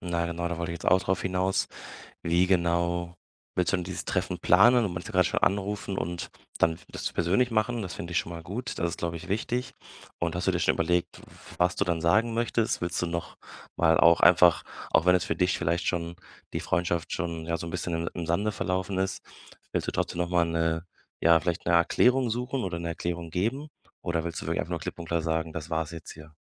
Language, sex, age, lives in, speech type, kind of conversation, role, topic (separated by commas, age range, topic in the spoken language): German, male, 25-29, Germany, conversation, advice, advisor, Wie fühlt es sich für dich an, von deiner Freundesgruppe ausgeschlossen zu werden?